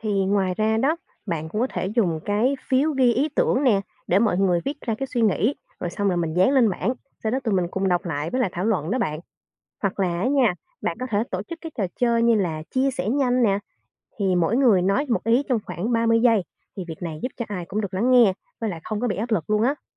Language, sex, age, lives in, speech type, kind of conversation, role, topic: Vietnamese, female, 20-24, Vietnam, read, expert-assistant, expert, Làm thế nào để nhận biết và tránh bị ảnh hưởng bởi đám đông khi ra quyết định?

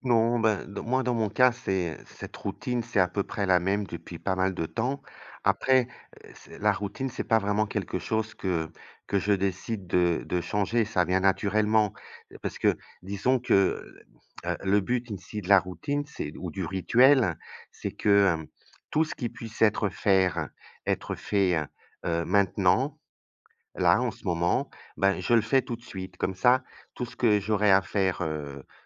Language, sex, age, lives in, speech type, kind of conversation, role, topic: French, male, 55-59, Portugal, conversation, unstructured, none, Quel est ton rituel du matin préféré pour bien commencer la journée ?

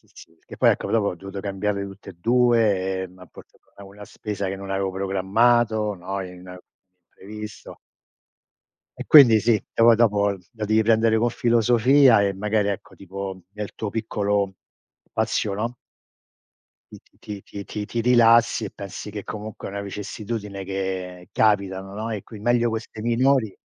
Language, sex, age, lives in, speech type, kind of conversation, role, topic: Italian, male, 60-64, United States, conversation, unstructured, none, Quali sono i piccoli piaceri della tua giornata?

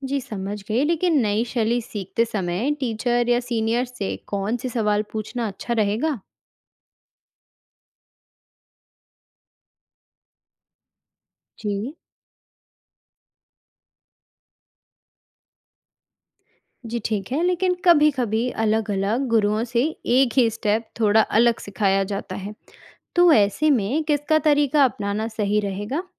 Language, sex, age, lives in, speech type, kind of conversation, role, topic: Hindi, female, 20-24, India, read, expert-assistant, asker, अगर मुझे नाटक या नृत्य की कोई नई शैली जाननी है, तो मैं भरोसेमंद जानकारी कैसे ढूँढूँ?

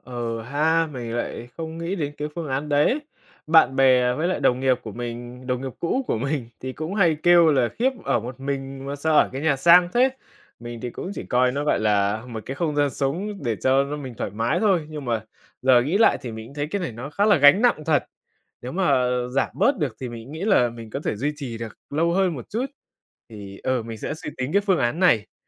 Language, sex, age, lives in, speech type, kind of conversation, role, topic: Vietnamese, male, 20-24, Vietnam, conversation, advice, user, Làm thế nào để điều chỉnh chi tiêu và kế hoạch tương lai khi tài chính đột ngột thay đổi?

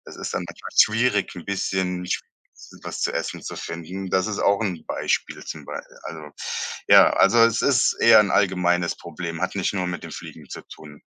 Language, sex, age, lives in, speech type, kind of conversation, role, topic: German, male, 35-39, Germany, conversation, advice, user, Was kann ich tun, wenn ich mir Sorgen um die körperliche und geistige Gesundheit meiner alten Eltern mache?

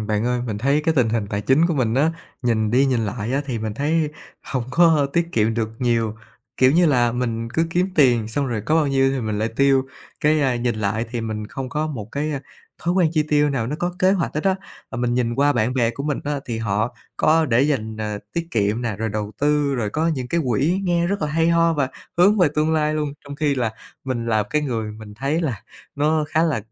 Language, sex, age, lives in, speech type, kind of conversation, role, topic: Vietnamese, male, 30-34, Vietnam, conversation, advice, user, Làm thế nào để tôi hình thành thói quen chi tiêu có kế hoạch và tiết kiệm tiền đều đặn?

